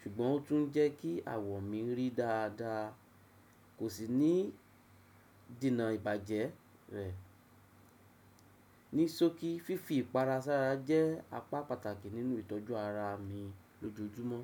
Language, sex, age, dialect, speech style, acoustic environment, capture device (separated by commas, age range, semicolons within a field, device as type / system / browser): Yoruba, male, 35-44, Nigeria - Lagos Yoruba, happy; excited; focused; relaxed, silence, desktop / Windows / Chrome